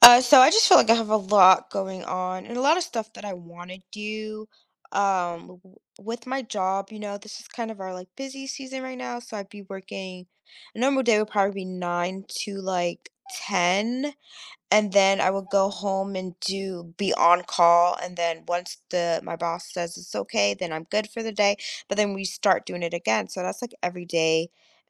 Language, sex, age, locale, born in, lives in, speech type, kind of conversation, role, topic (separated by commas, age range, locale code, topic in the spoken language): English, female, 30-34, en-US, United States, United States, conversation, advice, user, How can I manage feeling overwhelmed by too many responsibilities?